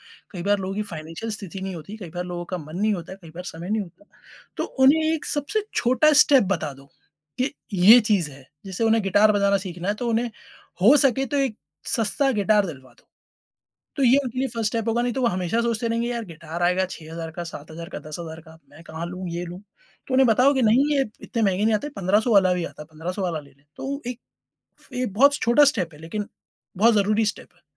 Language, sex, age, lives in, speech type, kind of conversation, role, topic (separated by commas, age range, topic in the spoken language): Hindi, male, 30-34, India, conversation, podcast, guest, Kaise tum apne doston ko padhai ke liye utsahit karte ho?